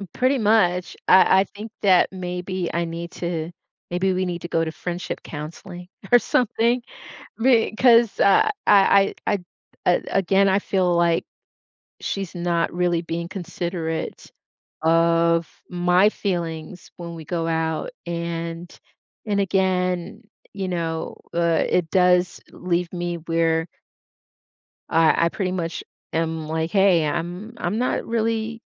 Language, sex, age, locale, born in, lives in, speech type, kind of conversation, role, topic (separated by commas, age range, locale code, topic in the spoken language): English, female, 55-59, en-US, United States, United States, conversation, advice, user, How do I address a friend who keeps canceling plans and being disrespectful?